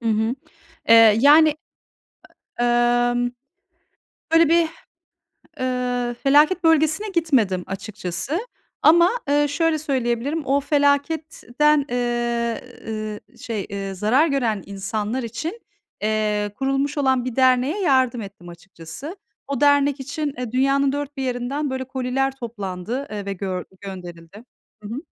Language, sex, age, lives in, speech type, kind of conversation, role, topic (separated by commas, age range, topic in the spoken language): Turkish, female, 40-44, Netherlands, conversation, unstructured, none, Toplumsal dayanışma zor zamanlarda insanlara nasıl güç verir?